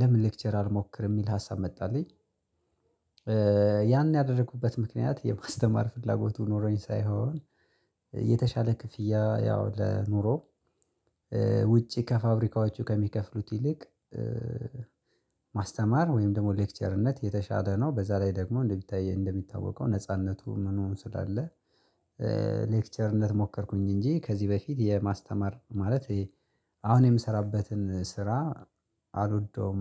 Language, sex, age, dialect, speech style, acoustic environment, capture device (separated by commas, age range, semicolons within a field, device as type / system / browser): Amharic, male, 25-34, Ethiopia - Gojjam, relaxed, nature, mobile / Linux / Chrome